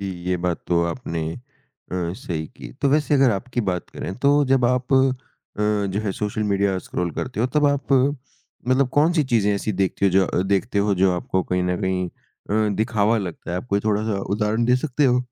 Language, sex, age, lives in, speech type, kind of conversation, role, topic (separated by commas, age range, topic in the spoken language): Hindi, male, 55-59, India, conversation, podcast, host, सोशल मीडिया पर असलियत और दिखावे में तुम्हें क्या फर्क लगता है?